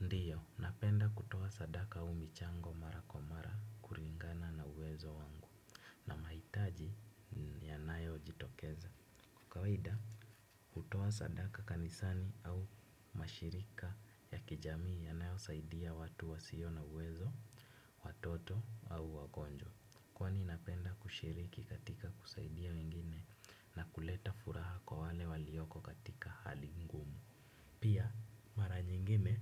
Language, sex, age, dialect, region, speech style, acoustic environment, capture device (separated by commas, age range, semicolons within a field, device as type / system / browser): Swahili, male, 18-24, Kenya - Nairobi Sheng-influenced, Kenya, happy, nature, desktop / Windows / Chrome